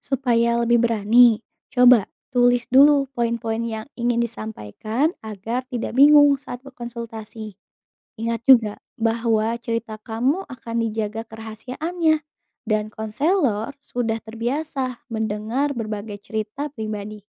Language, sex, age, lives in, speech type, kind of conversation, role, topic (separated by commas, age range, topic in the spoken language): Indonesian, female, 25-29, Indonesia, read, expert-assistant, expert, Bagaimana Cara Mengatasi Rasa Hampa Spiritual Dalam Beribadah?